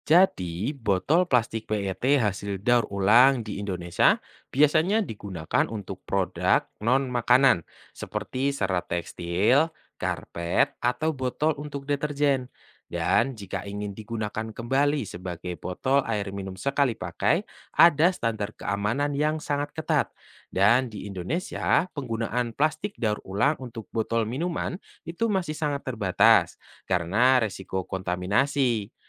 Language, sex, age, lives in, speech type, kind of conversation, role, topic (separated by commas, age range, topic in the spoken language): Indonesian, male, 25-29, Indonesia, read, expert-assistant, expert, Apakah daur ulang botol plastik PET bisa mengurangi sampah di Indonesia?